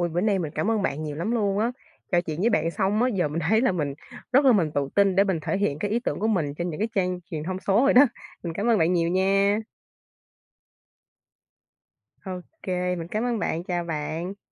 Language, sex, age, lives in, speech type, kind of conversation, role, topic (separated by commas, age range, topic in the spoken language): Vietnamese, female, 20-24, Vietnam, read, expert-assistant, asker, Làm sao để tự tin thể hiện ý tưởng của mình trên các kênh truyền thông số, dù chưa từng làm trước đây?